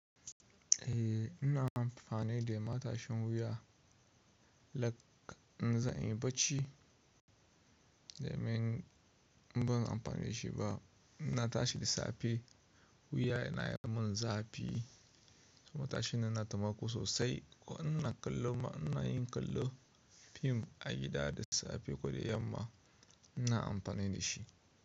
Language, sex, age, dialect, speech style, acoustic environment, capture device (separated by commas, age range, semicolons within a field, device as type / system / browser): Hausa, male, 25-34, Nigeria - Kano, relaxed, nature, mobile / Linux / Chrome